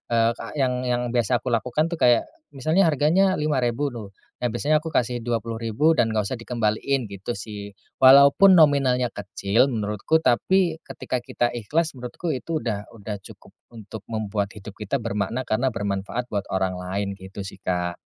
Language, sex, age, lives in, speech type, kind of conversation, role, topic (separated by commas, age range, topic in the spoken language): Indonesian, male, 30-34, Indonesia, conversation, podcast, guest, Kebiasaan kecil apa yang bikin hidupmu lebih bermakna?